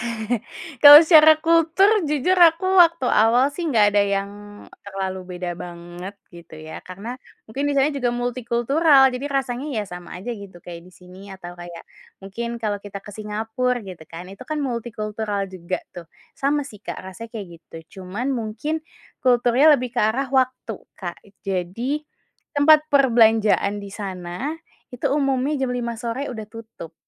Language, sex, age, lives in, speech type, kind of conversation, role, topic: Indonesian, female, 20-24, Indonesia, conversation, podcast, guest, Bagaimana cara berbaur dengan warga setempat saat bepergian?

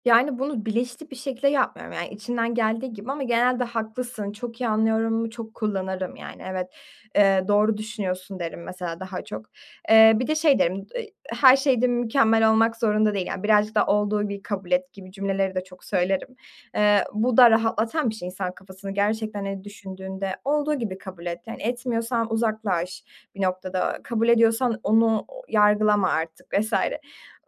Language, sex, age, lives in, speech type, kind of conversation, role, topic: Turkish, female, 20-24, Germany, conversation, podcast, guest, İnsanları dinleyip yanıt verirken güven oluşturan kelimeler ve ifadeler hangileridir?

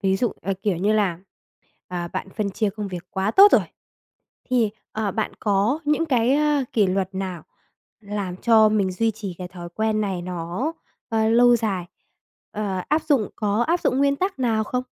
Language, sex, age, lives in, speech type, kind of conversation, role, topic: Vietnamese, female, 20-24, Vietnam, conversation, podcast, host, Bạn làm thế nào để cân bằng việc nhà và công việc ngoài giờ?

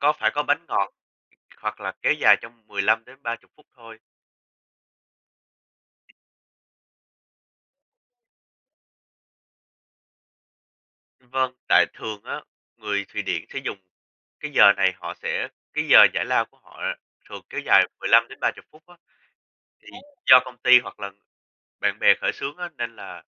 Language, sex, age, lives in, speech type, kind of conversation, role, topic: Vietnamese, male, 20-24, United States, conversation, unstructured, none, Điều gì khiến bạn ngạc nhiên nhất về phong tục tập quán ở các quốc gia khác?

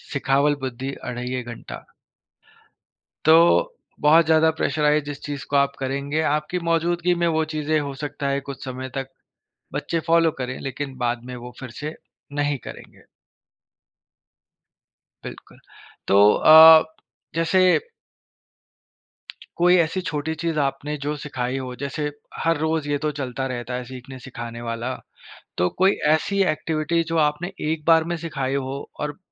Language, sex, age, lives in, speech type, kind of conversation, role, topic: Hindi, male, 30-34, India, conversation, podcast, host, Bachchon ko traditions sikhaane ka aapka ya aapki community ka tareeqa kya hai?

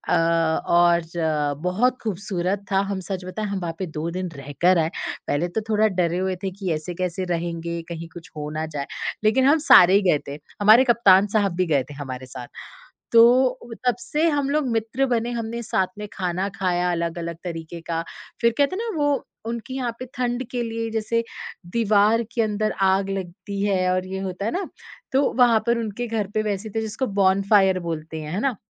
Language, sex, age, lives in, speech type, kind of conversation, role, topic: Hindi, female, 30-34, India, conversation, podcast, guest, क्या विदेश में कभी किसी यात्री ने आपको अपने घर बुलाया है?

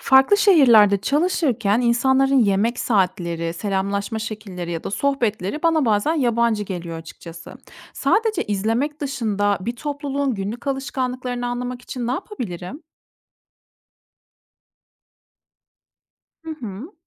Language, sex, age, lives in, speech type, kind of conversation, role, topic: Turkish, female, 30-34, Germany, read, expert-assistant, asker, Farklı toplulukların günlük alışkanlıklarını anlamak için hangi yolları deneyebilirim?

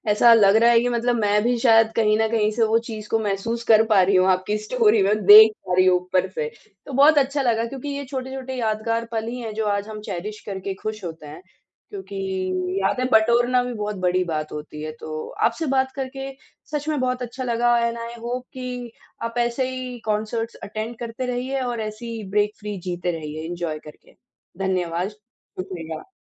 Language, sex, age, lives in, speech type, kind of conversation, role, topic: Hindi, female, 30-34, India, conversation, podcast, host, Tumhara sabse yaadgar live concert ka experience kya tha, yaar?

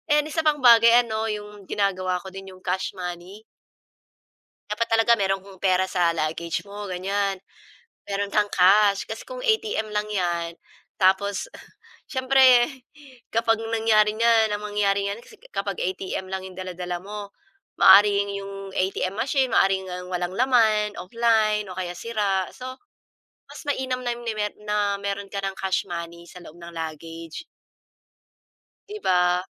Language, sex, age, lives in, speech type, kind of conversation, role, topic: Filipino, female, 40-44, Philippines, conversation, unstructured, none, Paano ka naghahanda para sa mga biglaang emerhensiya sa bahay?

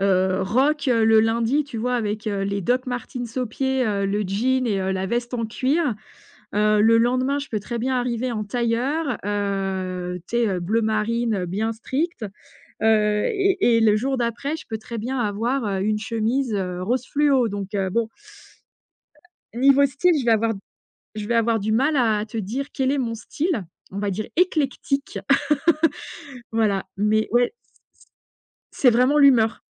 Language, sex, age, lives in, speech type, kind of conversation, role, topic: French, female, 45-49, France, conversation, podcast, guest, Qu’est-ce qui influence tes choix vestimentaires ?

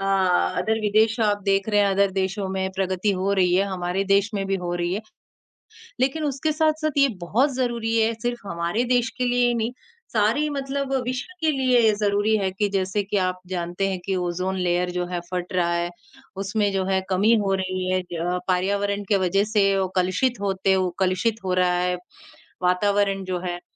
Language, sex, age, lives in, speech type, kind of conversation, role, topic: Hindi, male, 18-19, India, conversation, unstructured, none, आपको क्या लगता है कि पेड़ हमारे जीवन में कितने जरूरी हैं?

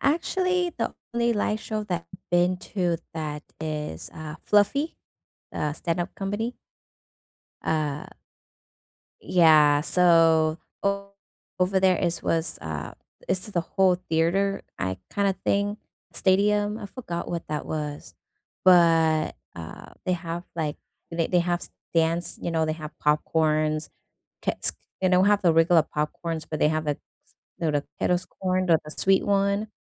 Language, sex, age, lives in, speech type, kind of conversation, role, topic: English, female, 35-39, United States, conversation, unstructured, none, What are your favorite theater snack combinations for movies or live shows?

